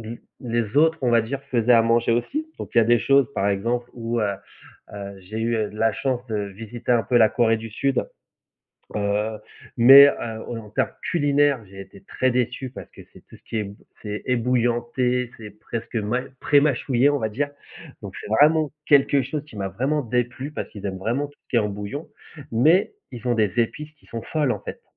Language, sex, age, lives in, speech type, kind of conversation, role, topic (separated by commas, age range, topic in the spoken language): French, male, 35-39, France, conversation, podcast, guest, Quelles techniques simples utilises-tu pour intensifier le goût ?